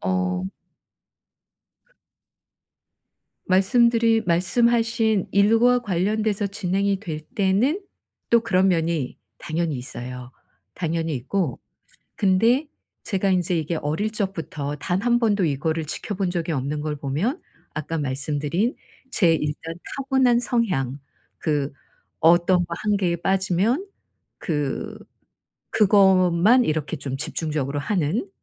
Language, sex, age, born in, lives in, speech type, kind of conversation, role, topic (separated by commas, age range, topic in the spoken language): Korean, female, 55-59, South Korea, South Korea, conversation, advice, user, 흐트러진 생활습관을 다시 꾸준히 유지하려면 어떻게 해야 할까요?